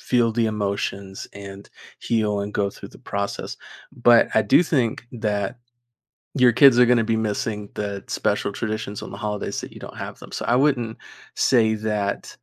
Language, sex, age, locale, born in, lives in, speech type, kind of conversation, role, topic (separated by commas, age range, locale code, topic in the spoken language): English, male, 20-24, en-US, United States, United States, conversation, advice, advisor, How can I cope with loneliness during holidays?